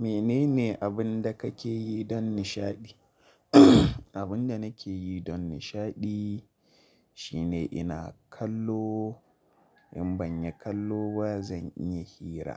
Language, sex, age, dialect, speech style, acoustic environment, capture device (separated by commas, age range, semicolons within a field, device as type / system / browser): Hausa, male, 25-34, Nigeria - Kano, relaxed; focused, traffic; silence, mobile / Linux / Chrome